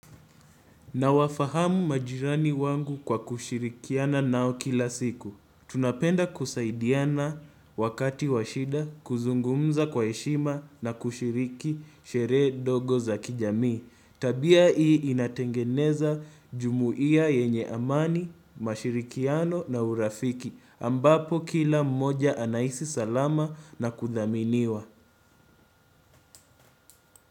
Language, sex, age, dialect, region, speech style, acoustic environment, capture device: Swahili, male, 18-24, Kenya - Nairobi Sheng-influenced, Kenya, focused, silence, desktop / Windows / Chrome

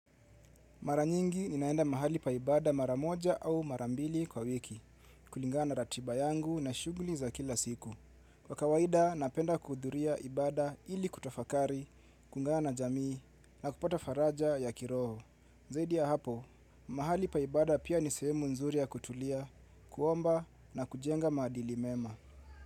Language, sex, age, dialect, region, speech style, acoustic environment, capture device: Swahili, male, 25-34, Kenya - Nairobi Sheng-influenced, Kenya, relaxed; focused, people talking; music; silence; appliances, mobile / Linux / Chrome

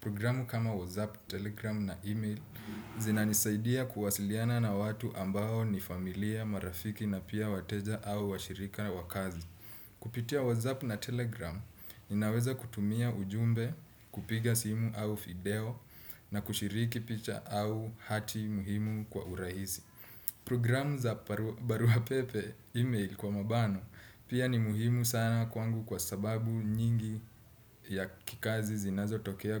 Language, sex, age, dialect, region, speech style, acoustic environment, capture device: Swahili, male, 25-34, Kenya - Nairobi Sheng-influenced, Kenya, relaxed, silence, desktop / Windows / Chrome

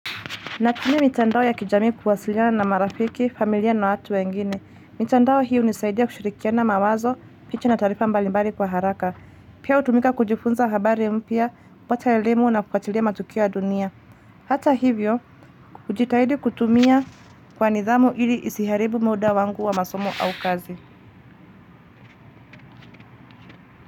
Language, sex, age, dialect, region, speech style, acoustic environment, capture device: Swahili, female, 25-34, Kenya - Nairobi Sheng-influenced, Kenya, focused, traffic, mobile / Linux / Chrome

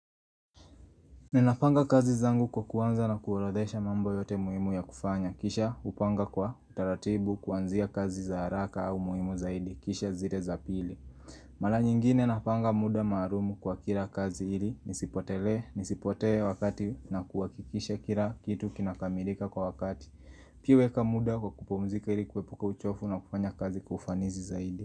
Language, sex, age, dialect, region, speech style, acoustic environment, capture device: Swahili, male, 18-24, Kenya - Nairobi Sheng-influenced, Kenya, happy; nervous, people talking; nature; music, mobile / Linux / Chrome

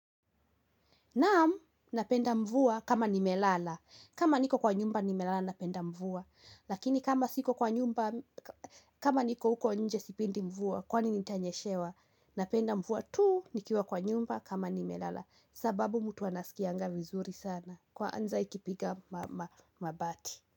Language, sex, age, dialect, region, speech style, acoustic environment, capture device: Swahili, female, 18-24, Kenya - Kiambu, Kenya, anxious, nature, mobile / Linux / Chrome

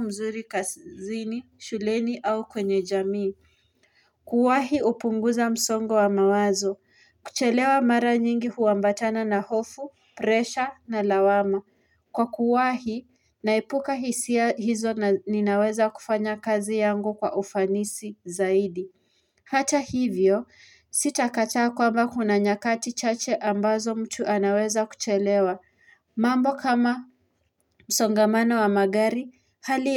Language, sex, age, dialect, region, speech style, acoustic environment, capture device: Swahili, female, 18-24, Kenya - Nairobi Sheng-influenced, Kenya, anxious; relaxed; focused, nature, mobile / Linux / Chrome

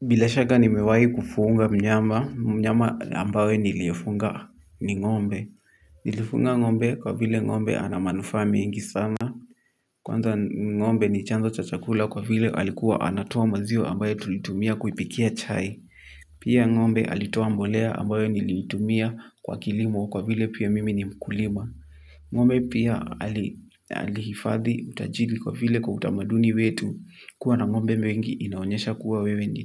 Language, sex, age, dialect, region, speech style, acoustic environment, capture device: Swahili, male, 18-24, Kenya - Kiambu, Kenya, tired, silence, mobile / Linux / Chrome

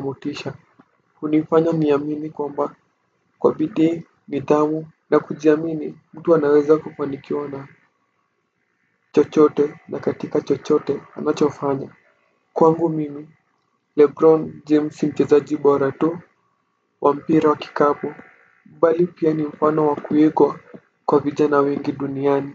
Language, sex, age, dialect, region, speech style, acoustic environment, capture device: Swahili, male, 18-24, Kenya - Nairobi Sheng-influenced, Kenya, relaxed, silence, desktop / Windows / Firefox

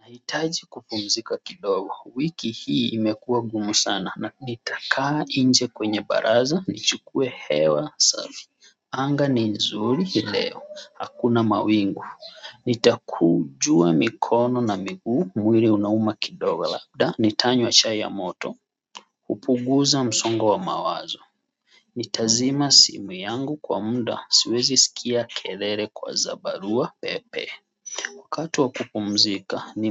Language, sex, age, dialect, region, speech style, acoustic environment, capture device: Swahili, male, 25-34, Kenya - Mombasa, Kenya, anxious, people talking, mobile / Android / Chrome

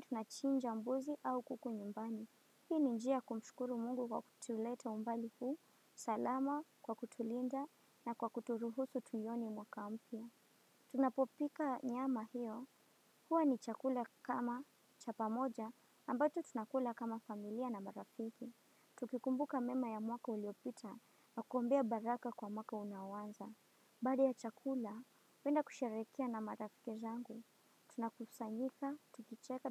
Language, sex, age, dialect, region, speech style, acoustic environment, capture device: Swahili, female, 18-24, Kenya - Mombasa, Kenya, focused, nature, desktop / Windows / Firefox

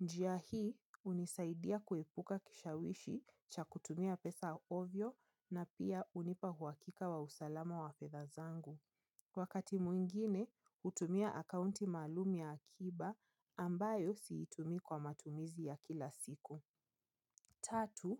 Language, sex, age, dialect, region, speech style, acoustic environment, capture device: Swahili, female, 25-34, Kenya - Nairobi Sheng-influenced, Kenya, happy, nature, mobile / Linux / Chrome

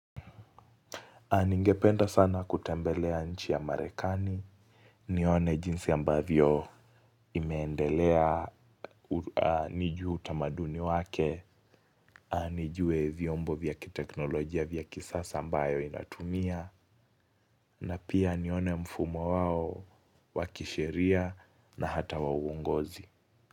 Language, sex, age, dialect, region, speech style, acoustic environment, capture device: Swahili, male, 18-24, Kenya - Mombasa, Kenya, focused, silence; nature, mobile / Linux / Chrome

